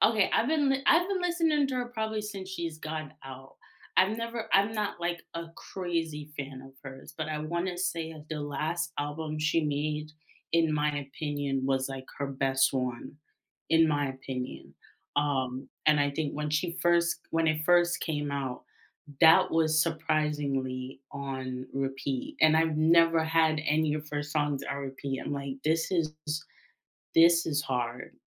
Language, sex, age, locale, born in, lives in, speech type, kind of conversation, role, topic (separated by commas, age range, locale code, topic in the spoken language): English, female, 25-29, en-US, United States, United States, conversation, unstructured, none, What was the last song you listened to on repeat, and what made you keep replaying it?